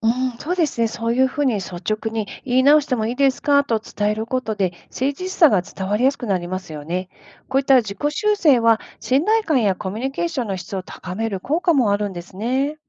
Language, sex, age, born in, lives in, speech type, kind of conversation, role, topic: Japanese, female, 50-54, Japan, United States, read, expert-assistant, expert, 友人や同僚の意見に合わせてしまう心理には、どんな理由があるのでしょうか？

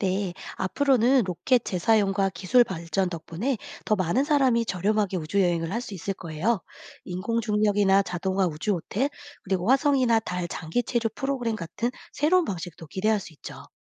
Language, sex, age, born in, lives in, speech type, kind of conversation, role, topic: Korean, female, 40-44, South Korea, United States, read, expert-assistant, expert, 미래에는 어떤 새로운 방식으로 우주를 여행할 수 있을까요?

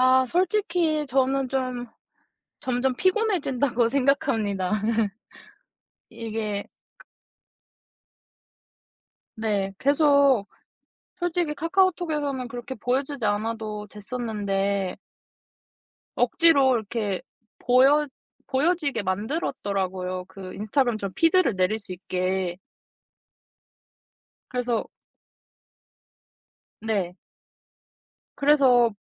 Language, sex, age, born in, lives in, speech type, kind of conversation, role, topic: Korean, female, 20-24, South Korea, South Korea, conversation, podcast, guest, 소셜 미디어가 인간관계에 어떤 영향을 미쳤다고 생각하시나요?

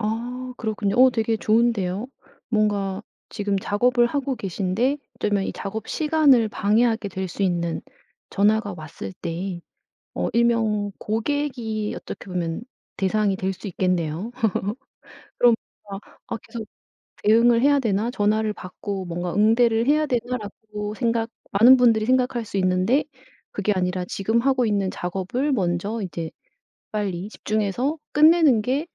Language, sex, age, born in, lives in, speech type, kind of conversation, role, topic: Korean, female, 55-59, South Korea, South Korea, conversation, podcast, host, 누군가가 반복해서 경계를 넘을 때 어떻게 대응해야 하나요?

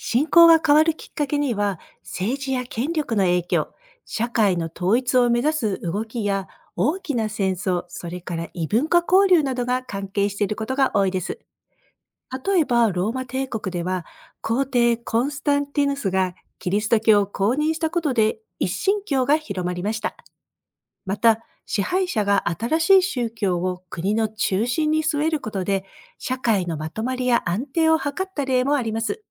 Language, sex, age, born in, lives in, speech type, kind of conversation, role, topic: Japanese, female, 55-59, Japan, United States, read, expert-assistant, expert, 宗教によって神様の数や考え方はなぜ違うのですか？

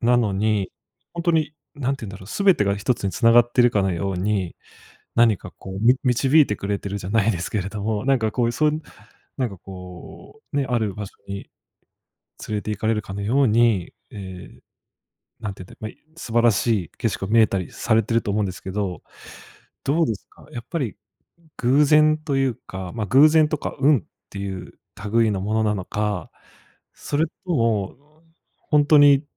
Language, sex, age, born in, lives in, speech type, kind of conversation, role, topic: Japanese, male, 25-29, Japan, Japan, conversation, podcast, host, 人生を変えた、思いがけない選択のエピソードを聞かせてくれますか？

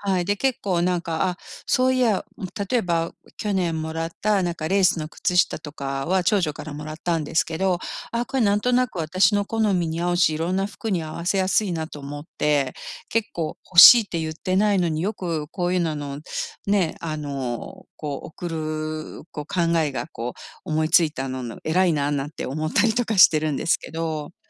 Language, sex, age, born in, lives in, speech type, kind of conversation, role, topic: Japanese, female, 55-59, Japan, United States, conversation, advice, user, 誰にでも喜ばれる贈り物はどうやって見つければよいですか？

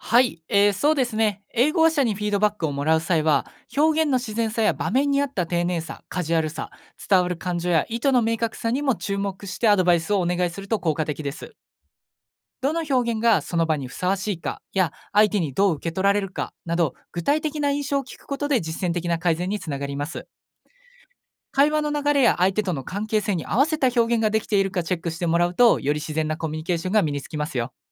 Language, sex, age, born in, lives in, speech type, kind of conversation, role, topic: Japanese, male, 20-24, Japan, Japan, read, expert-assistant, expert, 外国語を学ぶとき、母語との違いに気づく力を伸ばすには、どうしたらいいですか？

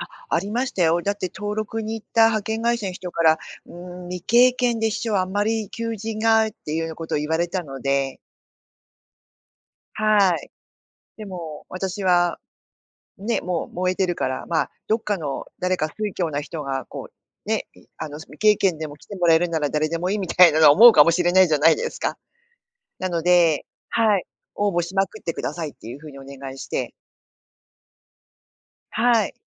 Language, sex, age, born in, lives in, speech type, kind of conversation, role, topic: Japanese, female, 50-54, Japan, Japan, conversation, podcast, guest, 未経験の仕事に挑戦する勇気は、どうやって出しましたか？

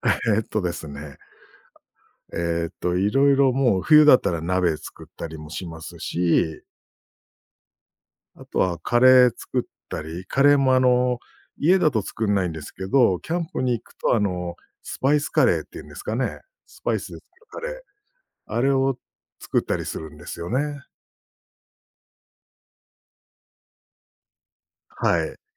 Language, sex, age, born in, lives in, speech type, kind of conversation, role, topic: Japanese, male, 45-49, Japan, Japan, conversation, podcast, guest, 週末に気持ちと生活を整えるために、どのように過ごしていますか？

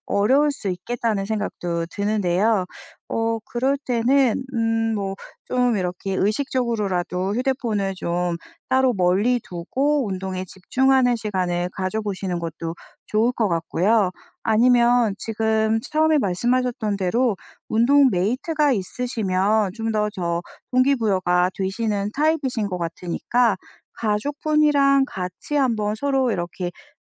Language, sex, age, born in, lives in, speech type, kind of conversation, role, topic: Korean, female, 40-44, South Korea, France, conversation, advice, advisor, 규칙적으로 운동을 시작해도 왜 꾸준히 이어가지 못하나요?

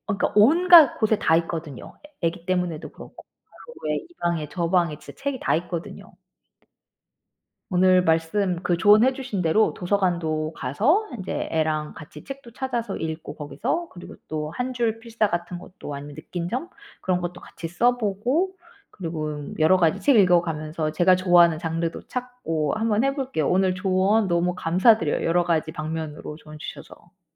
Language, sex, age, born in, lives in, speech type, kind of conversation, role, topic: Korean, female, 40-44, United States, United States, conversation, advice, user, 매일 책 읽는 습관을 시작해도 금방 포기하는 이유는 무엇인가요?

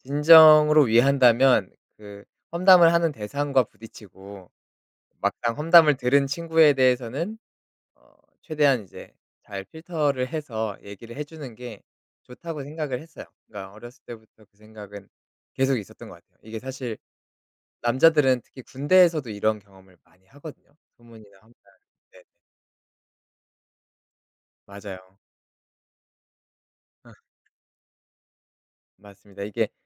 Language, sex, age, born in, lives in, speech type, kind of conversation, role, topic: Korean, male, 30-34, South Korea, South Korea, conversation, podcast, guest, 소문이나 험담을 접했을 때 어떻게 대응하시나요?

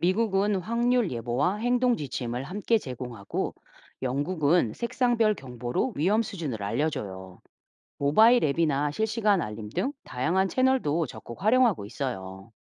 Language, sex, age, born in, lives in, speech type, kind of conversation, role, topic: Korean, female, 45-49, South Korea, United States, read, expert-assistant, expert, 날씨 예보를 요리 레시피에 비유하면 어떤 점이 닮았을까요?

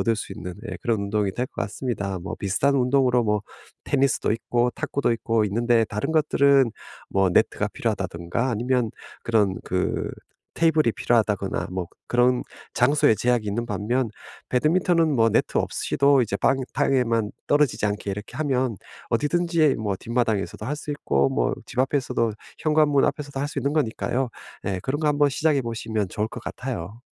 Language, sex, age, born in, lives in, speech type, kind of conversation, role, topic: Korean, male, 50-54, South Korea, United States, conversation, advice, advisor, 운동 계획을 부담 없이 시작하려면 어떻게 해야 할까요?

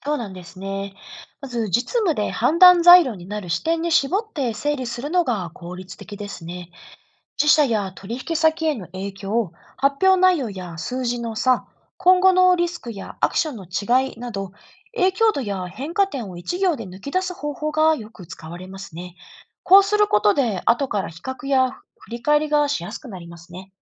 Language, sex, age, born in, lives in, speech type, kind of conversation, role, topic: Japanese, female, 35-39, Japan, Japan, read, expert-assistant, expert, 海外との取引が増える中、国際経済のニュースやトレンドを日常的に効率よくキャッチアップするコツは？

